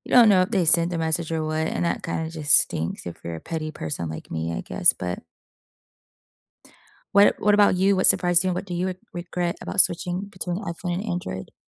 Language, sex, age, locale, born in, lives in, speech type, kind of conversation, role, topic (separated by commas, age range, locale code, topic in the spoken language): English, female, 30-34, en-US, United States, United States, conversation, unstructured, none, What has surprised you and what do you regret about switching between an iPhone and an Android phone?